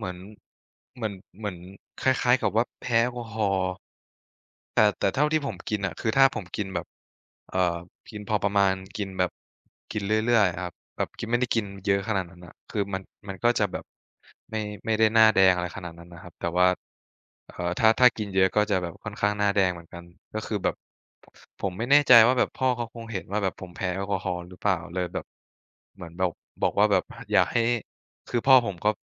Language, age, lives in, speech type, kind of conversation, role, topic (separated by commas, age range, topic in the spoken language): Thai, 20-24, Thailand, conversation, unstructured, none, คุณจะทำอย่างไรเมื่อครอบครัวไม่เห็นด้วยกับการตัดสินใจของคุณ?